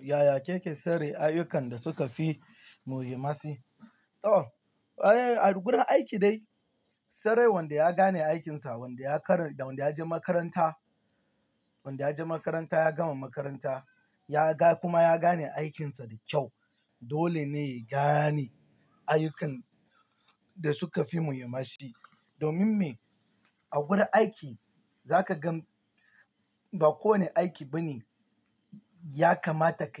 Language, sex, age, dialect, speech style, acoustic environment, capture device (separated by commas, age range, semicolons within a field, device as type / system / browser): Hausa, male, 18-24, Nigeria - Lagos Yoruba, tired; nervous, nature, mobile / Linux / Chrome